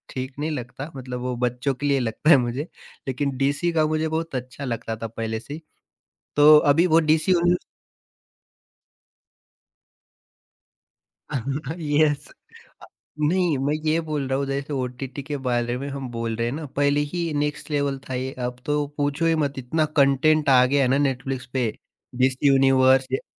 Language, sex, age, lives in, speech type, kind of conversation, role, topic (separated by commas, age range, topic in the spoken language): Hindi, male, 30-34, India, conversation, podcast, guest, Work-life balance mein phone ko kaise limit karte ho, yaar?